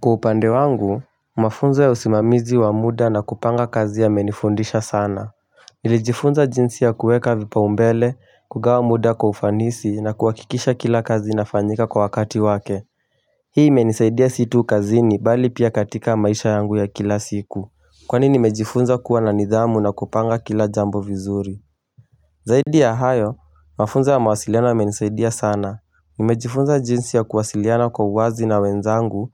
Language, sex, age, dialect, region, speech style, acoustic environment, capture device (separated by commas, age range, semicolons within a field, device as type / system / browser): Swahili, male, 18-24, Kenya - Mombasa, Kenya, focused; relaxed, silence, mobile / Linux / Chrome